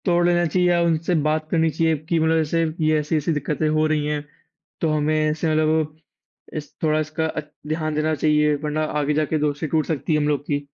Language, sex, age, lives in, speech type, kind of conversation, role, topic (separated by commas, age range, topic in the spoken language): Hindi, male, 18-19, India, conversation, unstructured, none, आप दोस्त चुनते समय किन गुणों को सबसे अधिक प्राथमिकता देते हैं?